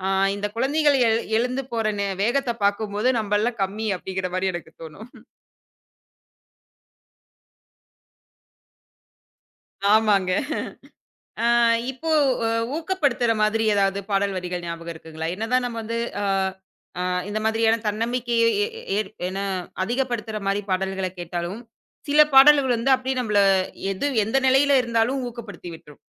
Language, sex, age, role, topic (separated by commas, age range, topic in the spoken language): Tamil, female, 25-29, host, பாடல் வரிகள் உங்கள் இதயத்தை எப்படித் தொடுகின்றன?